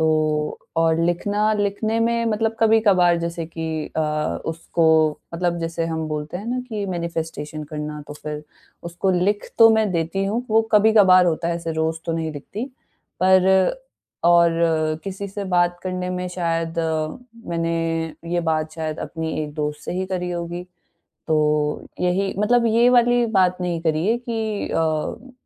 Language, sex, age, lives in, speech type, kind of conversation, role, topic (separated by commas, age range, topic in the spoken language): Hindi, female, 20-24, India, conversation, advice, user, मैं अपनी अंदर की आलोचनात्मक आवाज़ से कैसे दयालुता और समझदारी से निपटूँ?